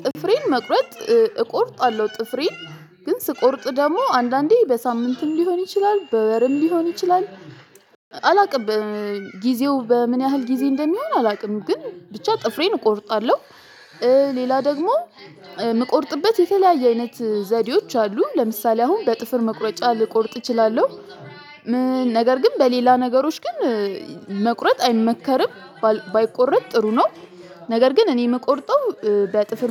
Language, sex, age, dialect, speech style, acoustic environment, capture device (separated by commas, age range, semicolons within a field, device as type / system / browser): Amharic, female, 25-34, Ethiopia - Addis Ababa, focused, people talking, mobile / Linux / Chrome